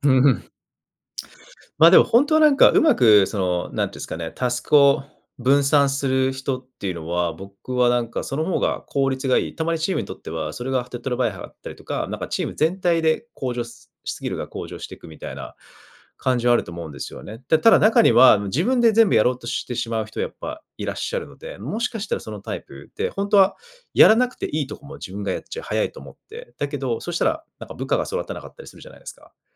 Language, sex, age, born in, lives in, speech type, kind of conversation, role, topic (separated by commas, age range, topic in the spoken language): Japanese, male, 35-39, Japan, Japan, conversation, podcast, host, 他人からの評価が気になるとき、どう対処すればよいですか？